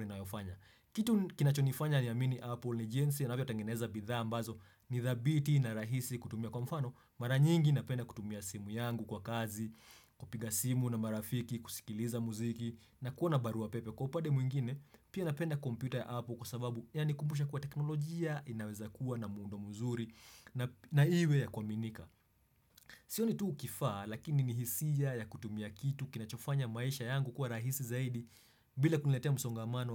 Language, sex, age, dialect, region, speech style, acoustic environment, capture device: Swahili, male, 25-34, Kenya - Nairobi Sheng-influenced, Kenya, happy; excited; focused; relaxed, silence, desktop / Windows / Chrome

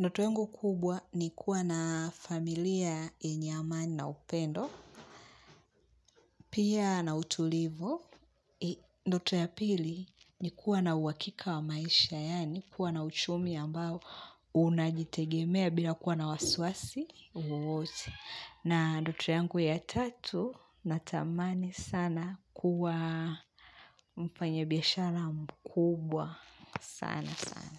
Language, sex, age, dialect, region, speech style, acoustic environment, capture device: Swahili, female, 25-34, Tanzania - Dar es Salaam, Tanzania, relaxed; focused, music; people talking; nature; silence, mobile / Linux / Chrome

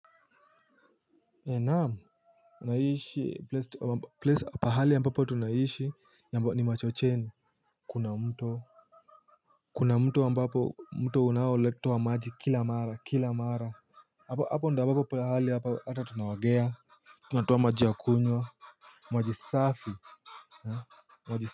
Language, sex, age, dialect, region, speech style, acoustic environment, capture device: Swahili, male, 18-24, Kenya - Nairobi Sheng-influenced, Kenya, happy; relaxed; nervous, silence; nature, mobile / Linux / Chrome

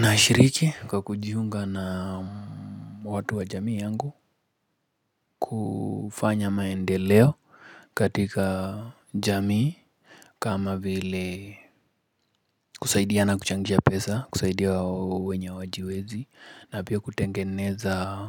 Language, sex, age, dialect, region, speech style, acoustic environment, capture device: Swahili, male, 25-34, Kenya - Nairobi Sheng-influenced, Kenya, relaxed; focused, nature, mobile / Linux / Chrome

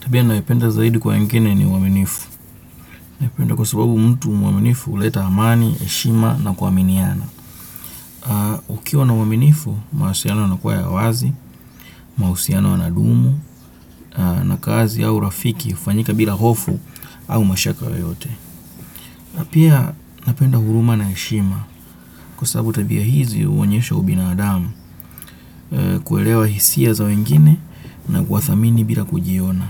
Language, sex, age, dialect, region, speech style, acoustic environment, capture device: Swahili, male, 25-34, Kenya - Nairobi Sheng-influenced, Kenya, happy, nature, desktop / Windows / Chrome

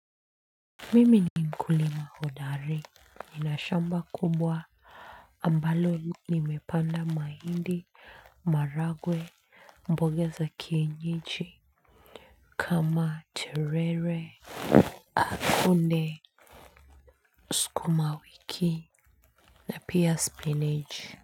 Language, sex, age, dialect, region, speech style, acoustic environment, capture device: Swahili, female, 25-34, Kenya - Nairobi Sheng-influenced, Kenya, focused, silence; nature, mobile / Linux / Chrome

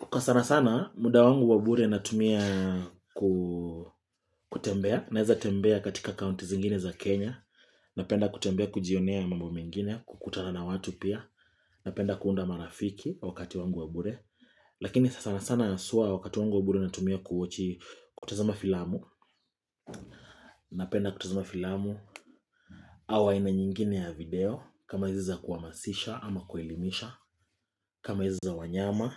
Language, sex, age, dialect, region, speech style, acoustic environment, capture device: Swahili, male, 25-34, Kenya - Nairobi Sheng-influenced, Kenya, focused, silence, mobile / Linux / Chrome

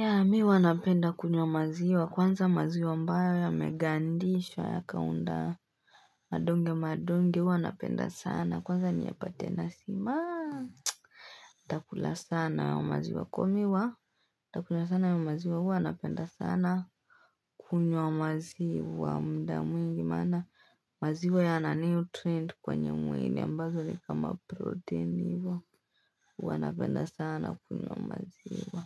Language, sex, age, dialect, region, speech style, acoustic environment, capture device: Swahili, female, 18-24, Kenya - Nairobi Sheng-influenced, Kenya, anxious, people talking, desktop / Linux / Chrome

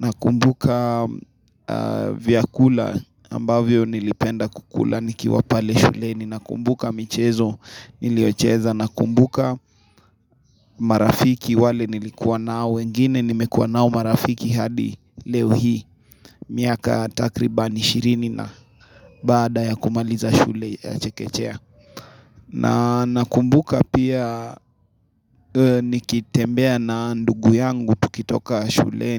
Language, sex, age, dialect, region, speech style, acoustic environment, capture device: Swahili, male, 25-34, Kenya - Nairobi Sheng-influenced, Kenya, excited; happy, silence, mobile / Linux / Chrome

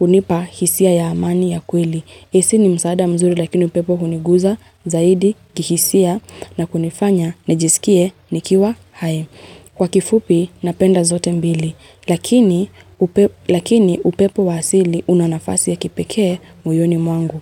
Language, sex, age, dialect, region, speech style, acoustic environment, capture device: Swahili, female, 25-34, Kenya - Nairobi Sheng-influenced, Kenya, happy, silence, mobile / Linux / Chrome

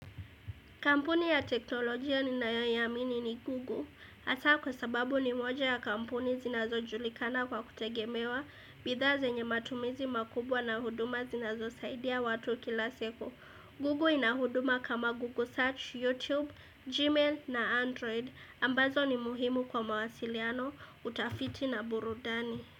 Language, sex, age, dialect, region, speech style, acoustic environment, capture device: Swahili, female, 18-24, Kenya - Mombasa, Kenya, happy, silence, desktop / Windows / Edge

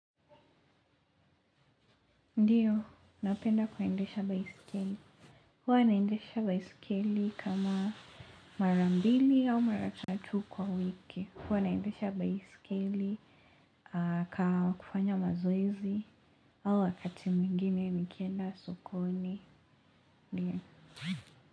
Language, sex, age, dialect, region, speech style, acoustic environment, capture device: Swahili, female, 18-24, Kenya - Mombasa, Kenya, relaxed, nature; silence, mobile / Linux / Chrome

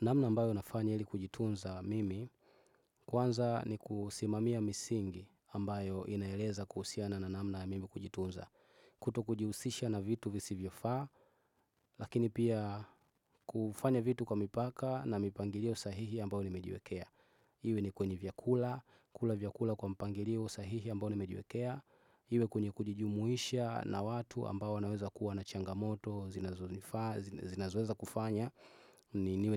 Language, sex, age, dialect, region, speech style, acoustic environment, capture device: Swahili, male, 25-34, Tanzania - Dar es Salaam, Tanzania, focused, people talking, desktop / Windows / Chrome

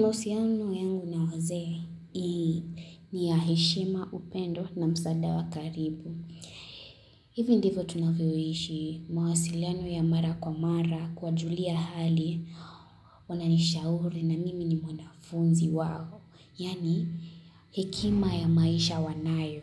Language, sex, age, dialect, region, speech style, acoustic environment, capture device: Swahili, female, 18-24, Kenya - Kitui, Kenya, relaxed; focused; excited; happy, construction, mobile / Linux / Chrome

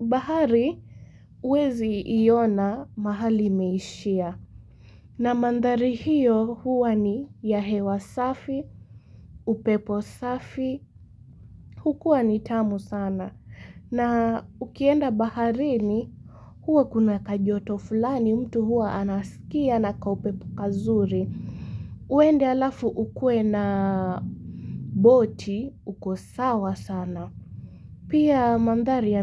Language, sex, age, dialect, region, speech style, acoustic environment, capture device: Swahili, female, 18-24, Kenya - Mombasa, Kenya, relaxed, nature, mobile / Linux / Chrome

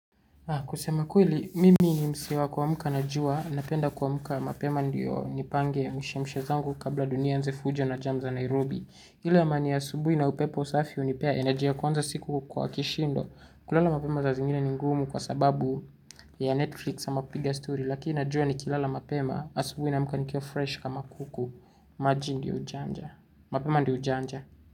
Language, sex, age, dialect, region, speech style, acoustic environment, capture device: Swahili, male, 18-24, Kenya - Nairobi Sheng-influenced, Kenya, relaxed, silence, desktop / Linux / Chrome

